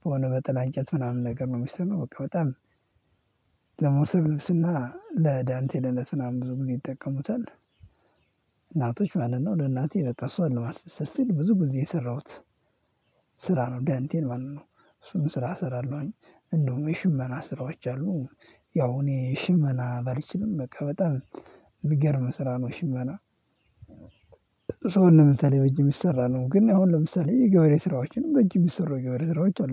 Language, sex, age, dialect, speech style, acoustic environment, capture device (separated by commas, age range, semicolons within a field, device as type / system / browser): Amharic, male, 18-24, Ethiopia - Addis Ababa, happy, silence, mobile / Linux / Chrome